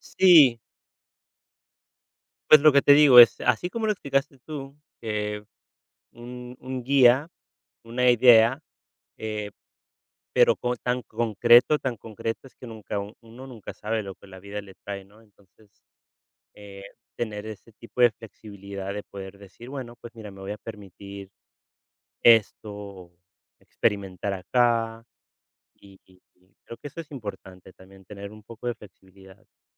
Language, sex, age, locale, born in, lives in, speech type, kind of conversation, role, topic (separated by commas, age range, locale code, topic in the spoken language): Spanish, male, 35-39, es-US, United States, Spain, conversation, unstructured, none, ¿Cómo imaginas tu carrera en cinco años?